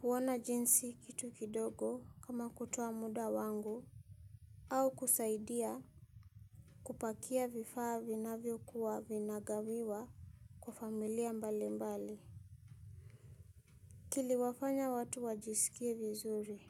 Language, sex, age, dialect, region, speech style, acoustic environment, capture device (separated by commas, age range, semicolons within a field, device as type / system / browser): Swahili, female, 25-34, Kenya - Nairobi Sheng-influenced, Kenya, happy; tired; relaxed; excited, silence, mobile / Linux / Chrome